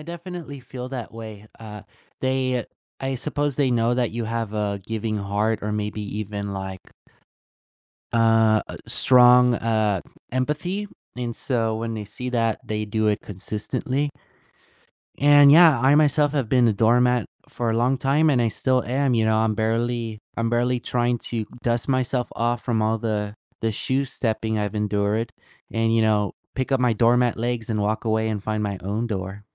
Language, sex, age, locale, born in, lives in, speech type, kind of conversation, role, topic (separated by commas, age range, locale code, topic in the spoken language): English, male, 20-24, en-US, United States, United States, conversation, unstructured, none, When can persuasion become manipulation?